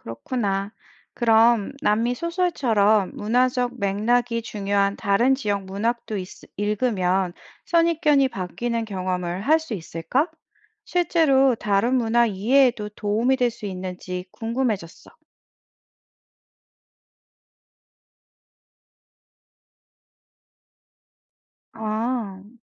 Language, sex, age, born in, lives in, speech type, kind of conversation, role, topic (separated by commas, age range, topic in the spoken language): Korean, female, 40-44, South Korea, France, read, expert-assistant, asker, 다른 문화의 소설을 읽으면서 새로운 시각을 얻으려면 어떤 식으로 이야기를 살펴보면 좋을까요?